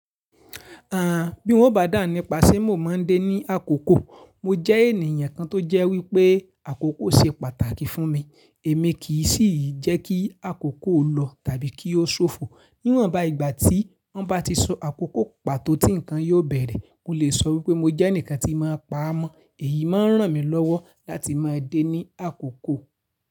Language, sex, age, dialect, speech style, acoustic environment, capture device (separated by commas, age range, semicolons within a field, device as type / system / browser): Yoruba, male, 25-34, Nigeria - Ibadan, relaxed; focused, appliances; nature, mobile / Linux / Chrome